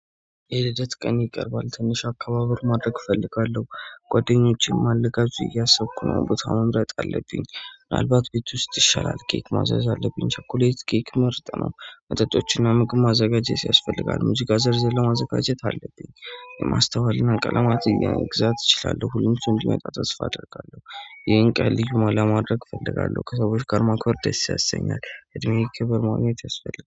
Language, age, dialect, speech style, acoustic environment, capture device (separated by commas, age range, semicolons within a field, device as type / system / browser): Amharic, 25-34, Ethiopia - Addis Ababa, happy, nature, mobile / Android / Chrome